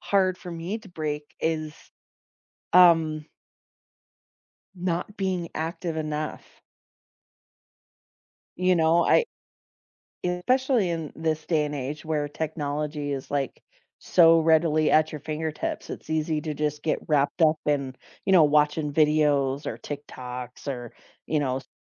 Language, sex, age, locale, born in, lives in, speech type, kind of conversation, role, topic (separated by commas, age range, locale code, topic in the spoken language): English, female, 40-44, en-US, United States, United States, conversation, unstructured, none, Why do some habits feel so difficult to change, even when we want to?